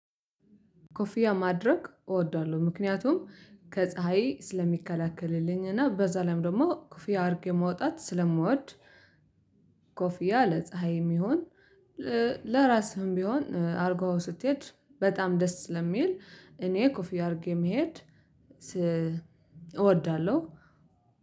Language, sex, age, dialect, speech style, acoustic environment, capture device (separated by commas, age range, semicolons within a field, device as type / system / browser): Amharic, male, 25-34, Ethiopia - Addis Ababa, happy; relaxed; focused, silence, mobile / Linux / Chrome